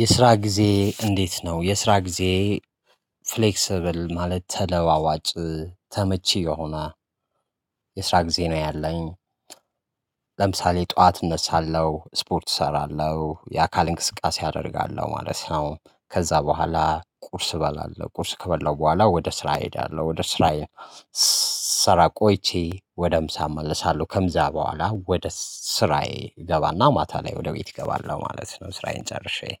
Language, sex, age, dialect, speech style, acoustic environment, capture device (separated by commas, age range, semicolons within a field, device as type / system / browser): Amharic, male, 25-34, Ethiopia - Addis Ababa, relaxed, nature, mobile / Linux / Chrome